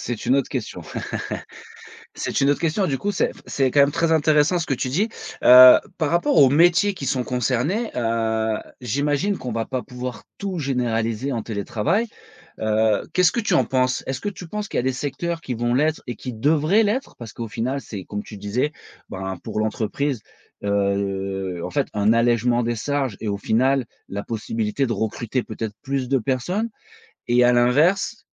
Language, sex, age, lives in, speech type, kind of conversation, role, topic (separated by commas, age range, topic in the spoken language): French, male, 35-39, France, conversation, podcast, host, Penses-tu que le télétravail va durer grâce aux outils numériques ?